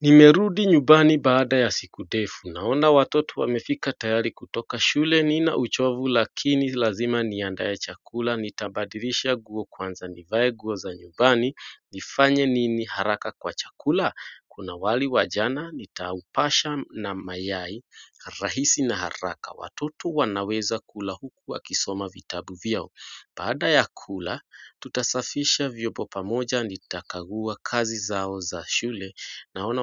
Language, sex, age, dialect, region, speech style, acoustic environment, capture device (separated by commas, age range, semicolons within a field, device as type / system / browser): Swahili, male, 25-34, Kenya - Nairobi Sheng-influenced, Kenya, anxious, nature, mobile / Android / Chrome